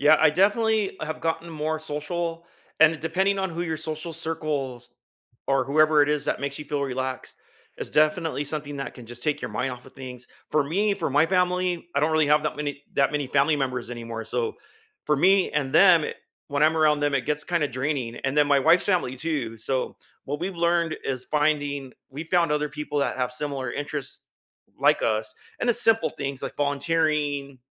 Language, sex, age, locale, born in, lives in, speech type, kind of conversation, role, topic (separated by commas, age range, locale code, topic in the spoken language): English, male, 50-54, en-US, United States, United States, conversation, unstructured, none, How do you recharge after a long, draining day, and what rituals help you feel restored?